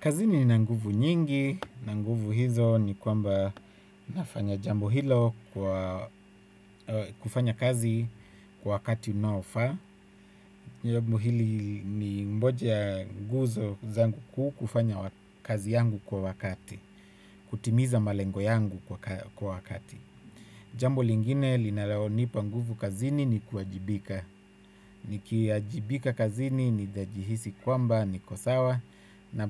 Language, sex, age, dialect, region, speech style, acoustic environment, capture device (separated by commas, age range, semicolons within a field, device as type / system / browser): Swahili, male, 25-34, Kenya - Nairobi Sheng-influenced, Kenya, focused; relaxed, silence, desktop / Windows / Chrome